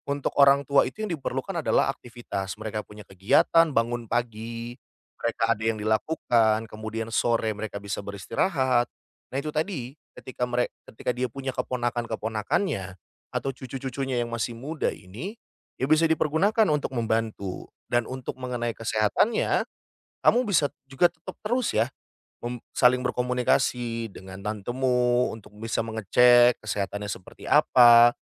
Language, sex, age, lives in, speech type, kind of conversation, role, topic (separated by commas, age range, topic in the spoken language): Indonesian, male, 30-34, Indonesia, conversation, advice, advisor, Bagaimana cara menerima naik turun emosi sebagai bagian dari hidup tanpa merasa bersalah atau panik?